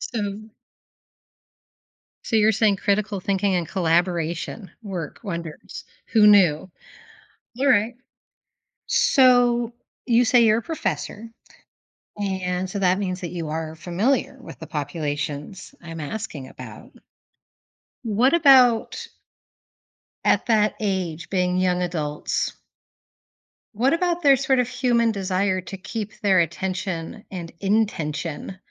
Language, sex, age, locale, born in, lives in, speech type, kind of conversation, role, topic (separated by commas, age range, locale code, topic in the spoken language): English, female, 45-49, en-US, United States, United States, conversation, podcast, host, How are modern pressures shaping the student experience today?